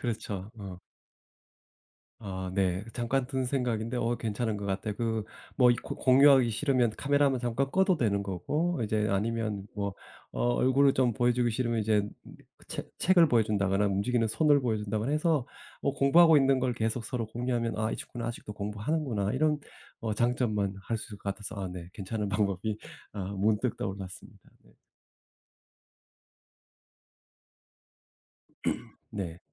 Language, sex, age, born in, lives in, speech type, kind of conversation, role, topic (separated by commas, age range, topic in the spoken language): Korean, male, 50-54, South Korea, United States, conversation, podcast, host, 친구들과 함께 공부할 때의 장단점은 무엇인가요?